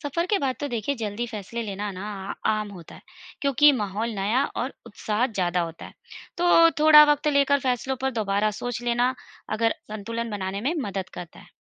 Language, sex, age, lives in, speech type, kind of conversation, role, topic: Hindi, female, 35-39, India, read, expert-assistant, expert, क्या यात्राएँ करने से रोज़मर्रा की ज़िंदगी के बड़े फैसले लेना आसान हो जाता है?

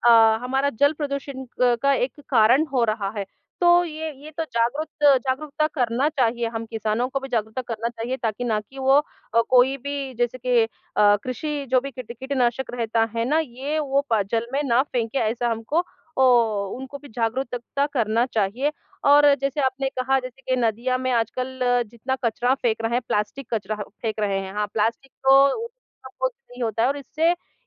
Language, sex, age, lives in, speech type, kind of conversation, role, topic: Hindi, female, 40-44, India, conversation, unstructured, none, क्या जल प्रदूषण हमारे स्वास्थ्य को प्रभावित करता है?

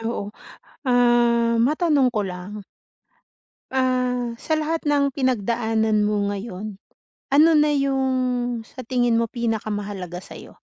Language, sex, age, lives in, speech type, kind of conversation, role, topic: Filipino, female, 40-44, Philippines, conversation, advice, advisor, Paano ko malalaman kung ano ang sarili kong sukatan ng tagumpay?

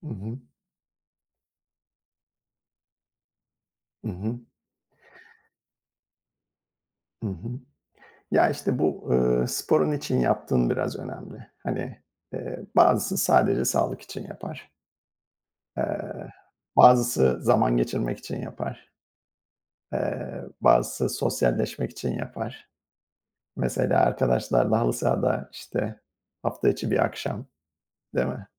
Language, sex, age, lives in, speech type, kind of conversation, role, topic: Turkish, male, 50-54, United States, conversation, unstructured, none, Hangi sporu yapmak seni en çok mutlu ediyor?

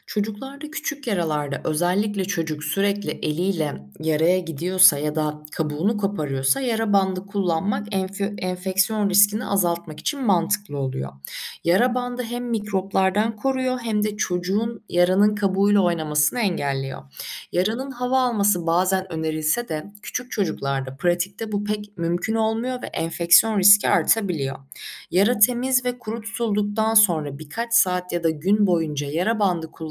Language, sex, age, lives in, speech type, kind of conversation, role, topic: Turkish, female, 25-29, Germany, read, expert-assistant, expert, Evde çocuklara acil müdahalede nelere dikkat etmeli miyiz?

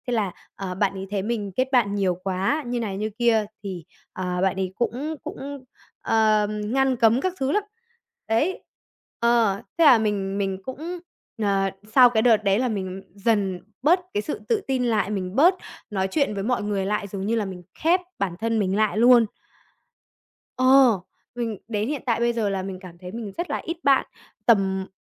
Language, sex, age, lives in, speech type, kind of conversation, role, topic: Vietnamese, male, 20-24, Vietnam, conversation, podcast, guest, Làm sao để tự tin trong những tình huống xã hội mới?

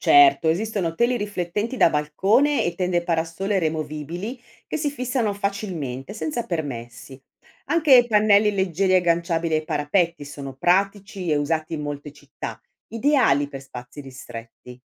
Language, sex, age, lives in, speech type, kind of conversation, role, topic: Italian, female, 55-59, Italy, read, expert-assistant, expert, Come potremmo rendere le nostre città più fresche d'estate senza consumare troppa energia?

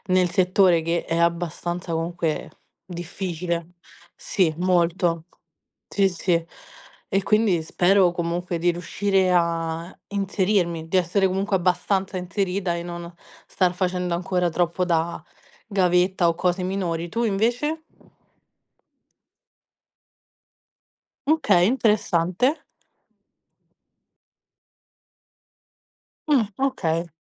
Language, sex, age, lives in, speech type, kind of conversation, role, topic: Italian, female, 20-24, Italy, conversation, unstructured, none, Come ti immagini la tua carriera tra cinque anni?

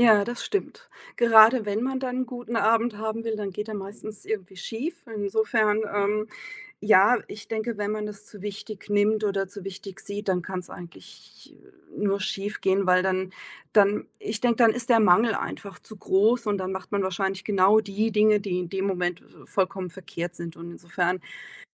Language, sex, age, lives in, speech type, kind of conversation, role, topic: German, female, 45-49, Germany, conversation, podcast, guest, Wie kann man Romantik im Alltag lebendig halten?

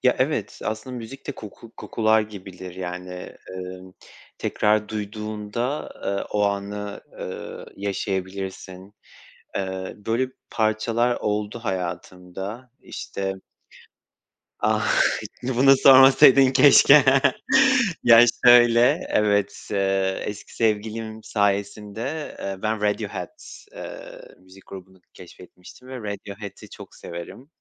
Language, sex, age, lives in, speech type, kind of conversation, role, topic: Turkish, male, 25-29, Poland, conversation, podcast, guest, Müzik zevkin nasıl şekillendi ve en çok neler dinlersin?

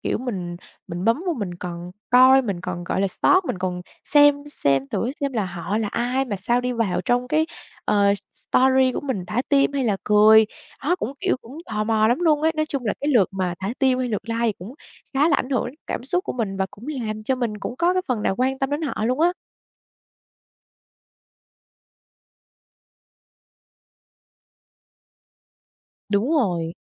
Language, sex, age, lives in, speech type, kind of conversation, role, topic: Vietnamese, female, 25-29, Vietnam, conversation, podcast, guest, Like và follow ảnh hưởng đến cảm xúc của bạn như thế nào?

